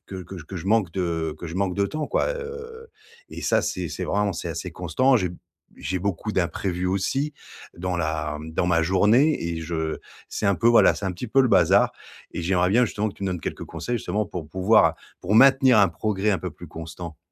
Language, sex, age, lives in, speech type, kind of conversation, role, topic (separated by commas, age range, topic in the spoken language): French, male, 40-44, France, conversation, advice, user, Comment maintenir mes progrès malgré un manque de temps et des imprévus ?